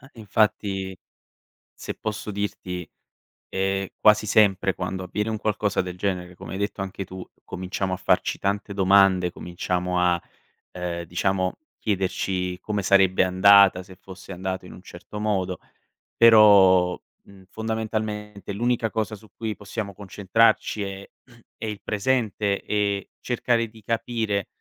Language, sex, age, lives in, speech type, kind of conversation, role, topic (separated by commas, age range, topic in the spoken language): Italian, male, 25-29, Italy, conversation, advice, advisor, Come posso tornare a fidarmi dopo una bugia o un tradimento passato?